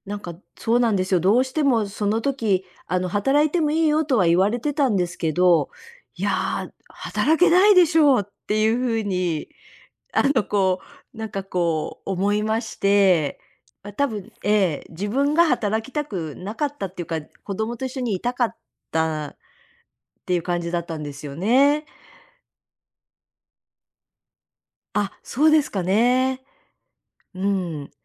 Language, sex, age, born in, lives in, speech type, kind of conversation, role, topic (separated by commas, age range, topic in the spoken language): Japanese, female, 60-64, Japan, United States, conversation, advice, user, 家庭と仕事の両立で追われていると感じるのはなぜですか？